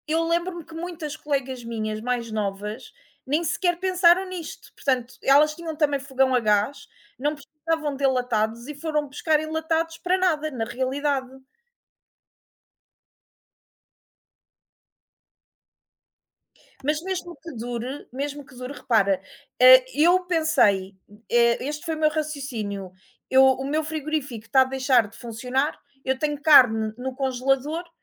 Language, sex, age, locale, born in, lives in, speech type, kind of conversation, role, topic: Portuguese, female, 35-39, pt-PT, Portugal, Portugal, conversation, unstructured, none, O que mais te incomoda na forma como aprendemos hoje?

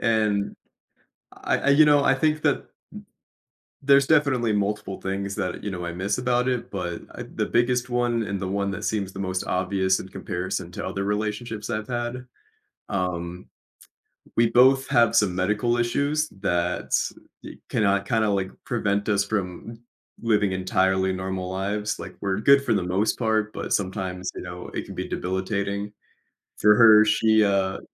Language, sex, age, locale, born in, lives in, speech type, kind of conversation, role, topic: English, male, 25-29, en-US, United States, United States, conversation, advice, user, How can I reconnect emotionally with my partner?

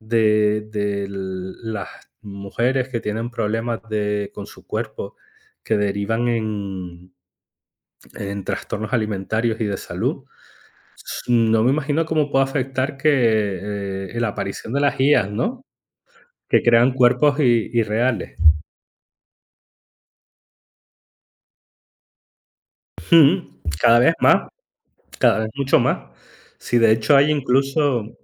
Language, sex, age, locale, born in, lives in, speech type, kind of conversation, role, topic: Spanish, male, 35-39, es-ES, Spain, Spain, conversation, unstructured, none, ¿Crees que las redes sociales ejercen demasiada presión sobre la imagen corporal?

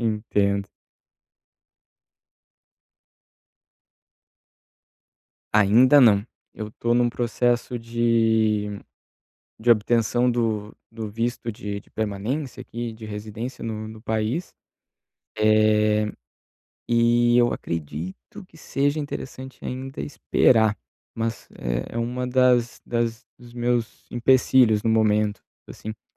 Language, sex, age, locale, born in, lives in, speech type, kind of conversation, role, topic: Portuguese, male, 30-34, pt-BR, Brazil, Italy, conversation, advice, user, Como posso abrir uma conta bancária em um novo país?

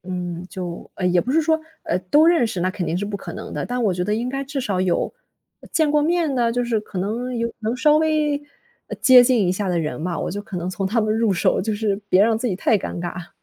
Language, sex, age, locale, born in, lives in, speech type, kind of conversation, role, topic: Chinese, female, 25-29, zh-CN, China, Germany, conversation, advice, user, 在社交聚会中感到焦虑时，我该如何寻求支持？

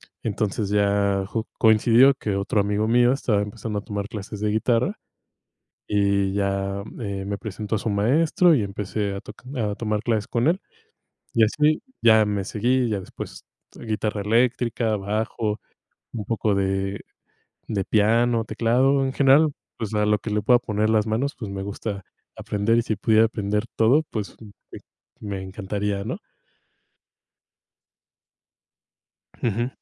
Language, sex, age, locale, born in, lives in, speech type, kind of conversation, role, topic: Spanish, male, 25-29, es-MX, Mexico, Mexico, conversation, unstructured, none, ¿Has descubierto algo inesperado sobre ti gracias a algún pasatiempo?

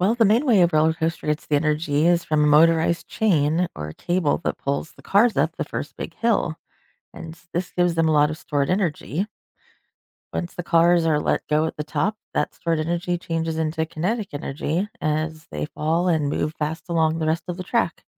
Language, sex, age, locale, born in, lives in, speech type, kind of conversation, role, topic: English, female, 40-44, en-US, United States, United States, read, expert-assistant, expert, How does a roller coaster use energy to move?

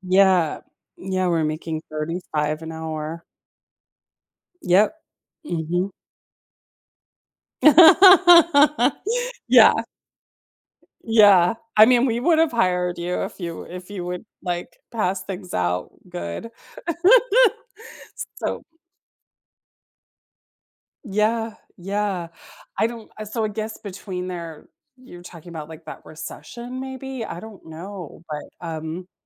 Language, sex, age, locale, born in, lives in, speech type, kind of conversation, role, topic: English, female, 45-49, en-US, United States, United States, conversation, unstructured, none, What is the strangest job you have ever had, and what did you learn from it?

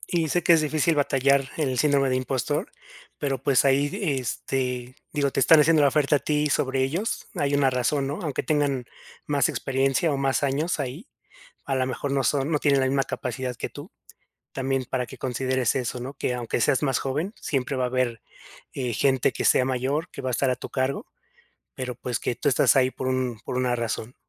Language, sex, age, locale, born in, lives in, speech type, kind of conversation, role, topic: Spanish, male, 30-34, es-MX, Mexico, Mexico, conversation, advice, advisor, ¿Cómo puedo manejar el síndrome del impostor para aceptar nuevas responsabilidades?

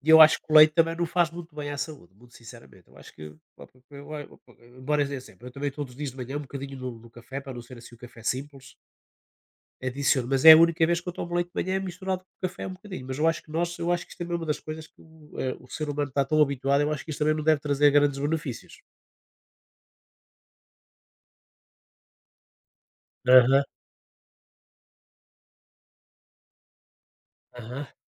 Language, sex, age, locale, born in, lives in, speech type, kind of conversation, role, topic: Portuguese, male, 60-64, pt-PT, Portugal, Portugal, conversation, unstructured, none, Qual é a importância da alimentação para a sua saúde física?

